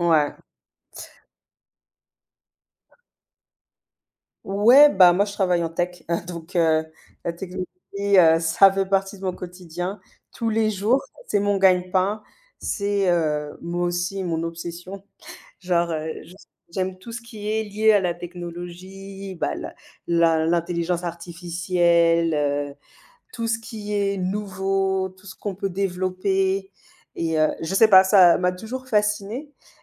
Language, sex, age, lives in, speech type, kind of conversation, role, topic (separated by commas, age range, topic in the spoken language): French, female, 35-39, Spain, conversation, unstructured, none, Comment la technologie change-t-elle ta vie quotidienne ?